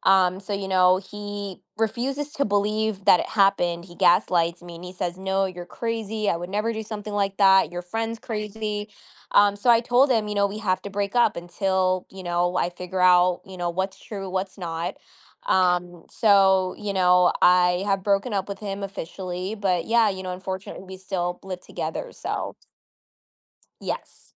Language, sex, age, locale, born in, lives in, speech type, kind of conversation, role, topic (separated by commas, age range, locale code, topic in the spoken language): English, female, 25-29, en-US, United States, United States, conversation, advice, user, How can I start healing after a long-term relationship ended?